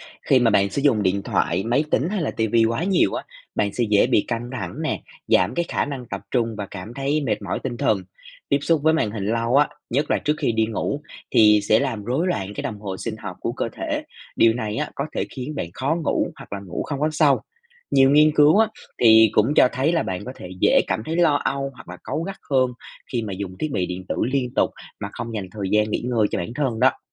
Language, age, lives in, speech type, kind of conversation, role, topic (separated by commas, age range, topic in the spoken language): Vietnamese, 25-29, Vietnam, read, expert-assistant, expert, Tác động của việc xem tivi quá nhiều đến sức khỏe